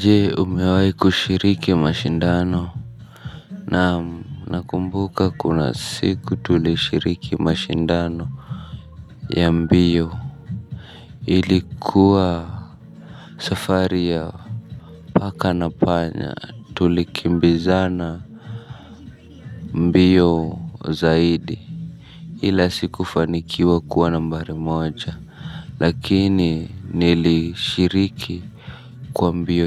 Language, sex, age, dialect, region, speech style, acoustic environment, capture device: Swahili, male, 25-34, Kenya - Nairobi Sheng-influenced, Kenya, stressed; nervous; tired, people talking; music, mobile / Linux / Chrome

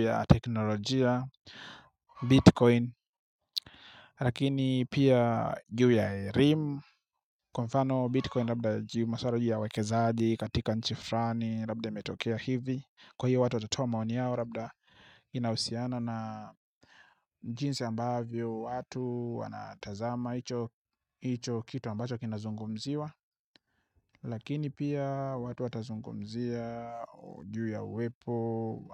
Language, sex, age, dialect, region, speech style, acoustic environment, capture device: Swahili, male, 25-34, Tanzania - Dar es Salaam, Tanzania, happy; excited; relaxed; focused, nature, mobile / Linux / Chrome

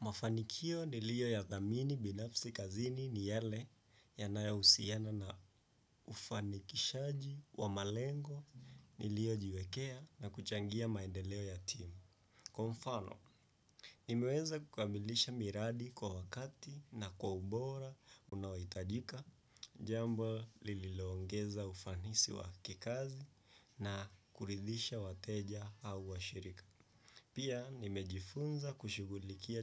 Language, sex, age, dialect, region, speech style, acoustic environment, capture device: Swahili, male, 25-34, Kenya - Nairobi Sheng-influenced, Kenya, focused, silence, desktop / Windows / Chrome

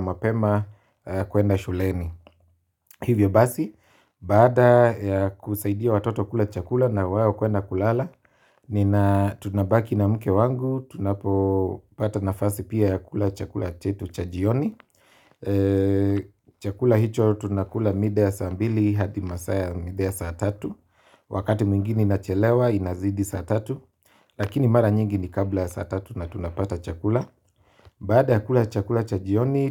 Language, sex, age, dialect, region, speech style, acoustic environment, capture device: Swahili, male, 25-34, Kenya - Nairobi Sheng-influenced, Kenya, relaxed, silence, mobile / Linux / Chrome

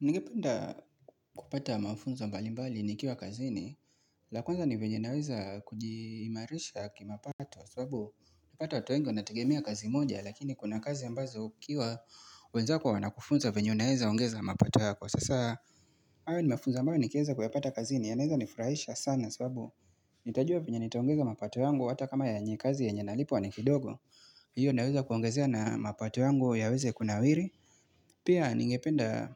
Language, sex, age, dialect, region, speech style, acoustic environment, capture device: Swahili, male, 25-34, Kenya - Mombasa, Kenya, relaxed, nature, mobile / Linux / Chrome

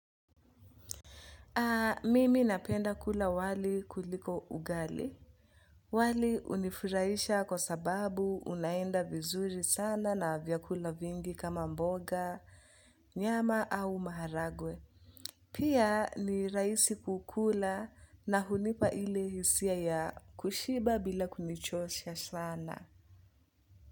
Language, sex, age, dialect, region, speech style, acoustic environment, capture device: Swahili, female, 25-34, Kenya - Nairobi Sheng-influenced, Kenya, relaxed; focused, music; nature, mobile / Linux / Chrome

